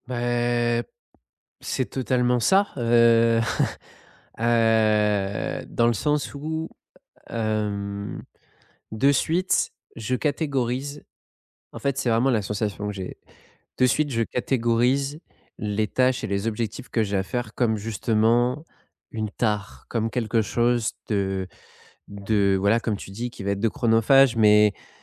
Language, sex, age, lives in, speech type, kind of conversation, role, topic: French, male, 30-34, France, conversation, advice, user, Comment arrêter de procrastiner quand j’ai des tâches importantes et urgentes à faire ?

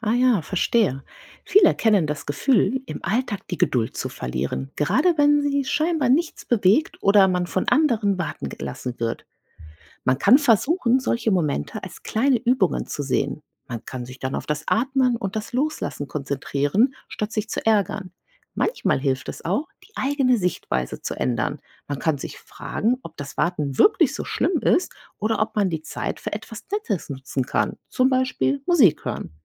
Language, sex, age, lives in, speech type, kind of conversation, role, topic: German, female, 40-44, United States, read, expert-assistant, expert, Wie kann man lernen, Geduld zu haben?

